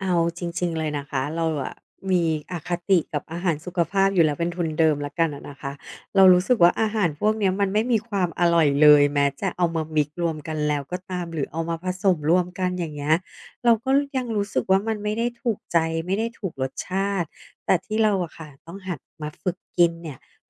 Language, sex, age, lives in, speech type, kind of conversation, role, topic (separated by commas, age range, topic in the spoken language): Thai, female, 40-44, Thailand, conversation, advice, user, ฉันจะเริ่มกินอาหารเพื่อสุขภาพและประหยัดได้อย่างไรเมื่อมีงบประมาณจำกัด?